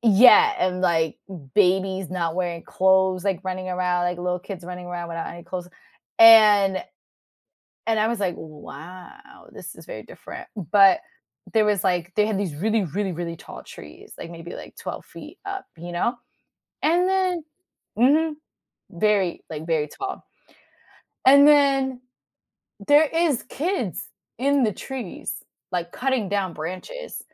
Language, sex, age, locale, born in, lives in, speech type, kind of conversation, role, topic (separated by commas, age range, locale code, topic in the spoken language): English, female, 30-34, en-US, United States, United States, conversation, podcast, guest, How have unexpected experiences while traveling changed your perspective?